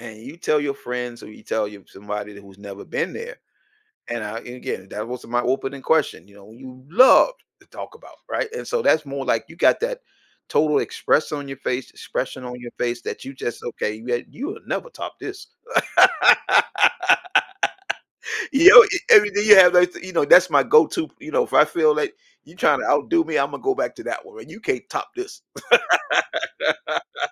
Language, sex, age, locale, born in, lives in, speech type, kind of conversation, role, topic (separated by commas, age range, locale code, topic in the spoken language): English, male, 55-59, en-US, United States, United States, conversation, podcast, host, How do memorable travel experiences shape the stories we share with others?